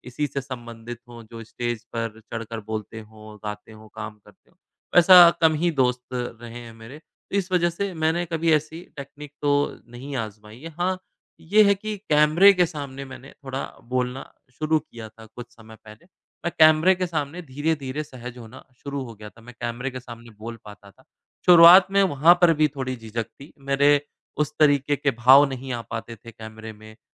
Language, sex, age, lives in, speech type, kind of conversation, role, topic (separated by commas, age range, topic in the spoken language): Hindi, male, 30-34, India, conversation, advice, user, सार्वजनिक बोलने की चिंता और मंच पर डर